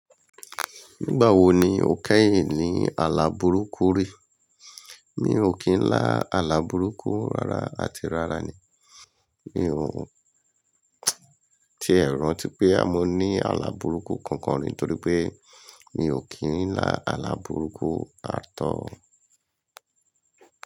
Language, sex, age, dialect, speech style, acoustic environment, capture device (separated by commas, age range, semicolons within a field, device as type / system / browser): Yoruba, male, 45-54, Nigeria - Lagos Yoruba, happy; nervous; excited, people talking, mobile / Linux / Chrome